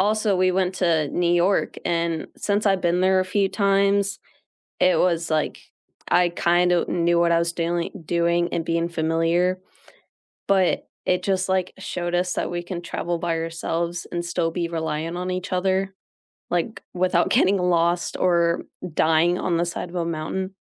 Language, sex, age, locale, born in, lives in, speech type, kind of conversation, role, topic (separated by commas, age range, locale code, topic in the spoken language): English, female, 18-19, en-US, United States, United States, conversation, unstructured, none, When you arrive somewhere new, what do you look for first, and why does it matter to you?